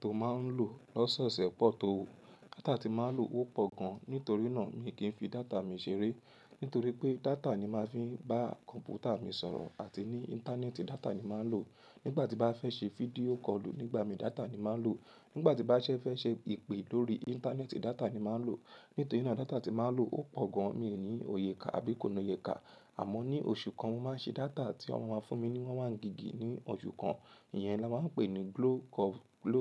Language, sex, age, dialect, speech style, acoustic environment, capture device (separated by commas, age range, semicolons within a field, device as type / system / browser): Yoruba, female, 18-24, Nigeria - Lagos Yoruba, happy; relaxed, people talking; nature, mobile / Linux / Chrome